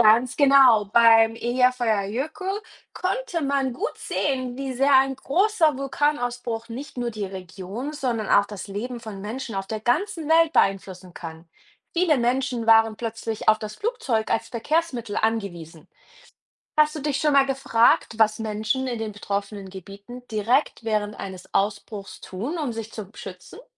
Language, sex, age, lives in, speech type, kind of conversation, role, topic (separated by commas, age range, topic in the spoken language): German, female, 30-34, Germany, read, expert-assistant, expert, Was passiert bei einem Vulkanausbruch?